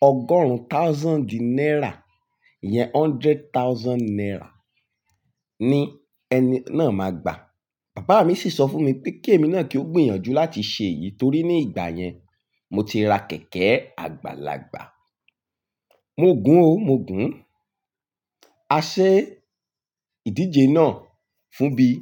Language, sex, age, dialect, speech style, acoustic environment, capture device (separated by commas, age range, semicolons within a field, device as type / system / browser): Yoruba, male, 18-24, Nigeria - Ibadan, focused; relaxed; happy, nature; people talking, mobile / Linux / Chrome